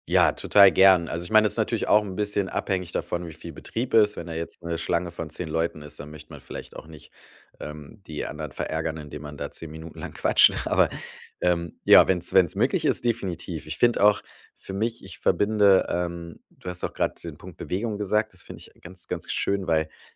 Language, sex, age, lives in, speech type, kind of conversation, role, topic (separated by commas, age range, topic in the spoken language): German, male, 35-39, Germany, conversation, podcast, guest, Welche Rituale hast du beim Einkaufen auf dem Markt?